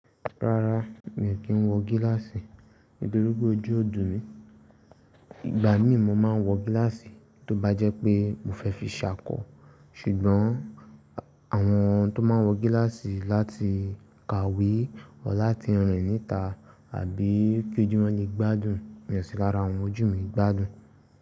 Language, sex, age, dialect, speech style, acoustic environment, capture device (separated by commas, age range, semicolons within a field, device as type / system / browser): Yoruba, male, 18-24, Nigeria - Ibadan, relaxed, nature; silence, mobile / Linux / Chrome